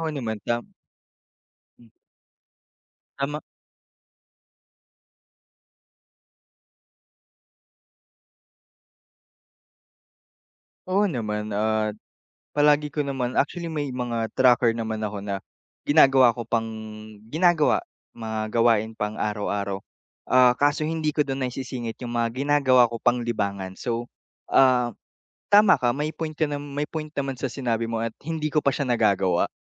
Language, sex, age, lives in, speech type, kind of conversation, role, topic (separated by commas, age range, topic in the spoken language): Filipino, male, 45-49, Philippines, conversation, advice, user, Paano ako makakahanap ng oras para maglibang sa gitna ng aking pagiging abala?